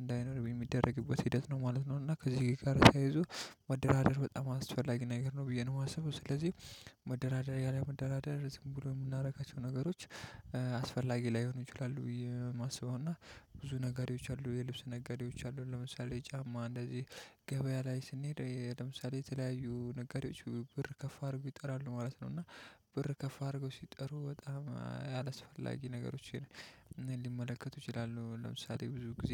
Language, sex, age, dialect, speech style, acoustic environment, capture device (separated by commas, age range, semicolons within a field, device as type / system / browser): Amharic, male, 25-34, Ethiopia - Addis Ababa, relaxed, silence, mobile / Linux / Chrome